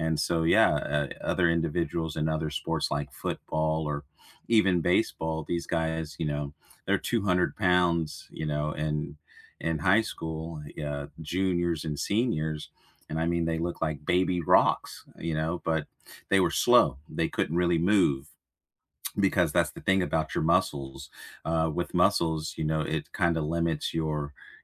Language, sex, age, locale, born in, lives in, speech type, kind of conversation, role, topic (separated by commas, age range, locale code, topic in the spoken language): English, male, 40-44, en-US, United States, United States, conversation, unstructured, none, Which small rituals or quick stress relievers help you get through tough days, and how did you discover them?